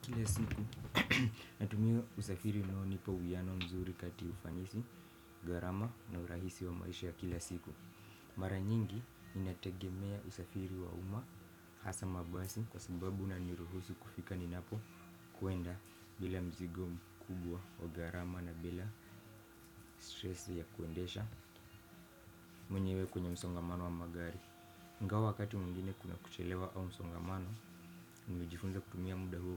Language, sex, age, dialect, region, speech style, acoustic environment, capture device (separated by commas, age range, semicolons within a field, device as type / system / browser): Swahili, male, 18-24, Kenya - Mombasa, Kenya, excited, silence, desktop / Windows / Firefox